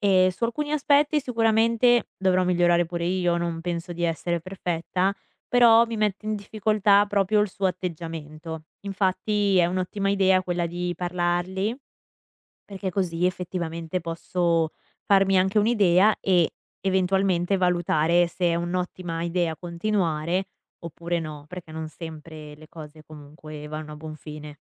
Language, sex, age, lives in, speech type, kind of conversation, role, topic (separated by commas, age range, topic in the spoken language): Italian, female, 20-24, United States, conversation, advice, user, Come comunichi i tuoi bisogni emotivi nella relazione?